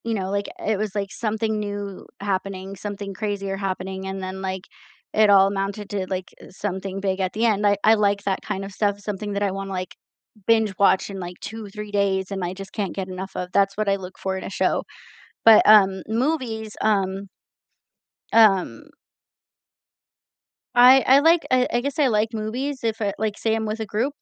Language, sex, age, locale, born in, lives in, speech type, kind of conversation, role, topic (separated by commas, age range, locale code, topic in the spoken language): English, female, 30-34, en-US, United States, United States, conversation, unstructured, none, What do you look for when choosing something to watch, and how do your viewing choices help you connect with others?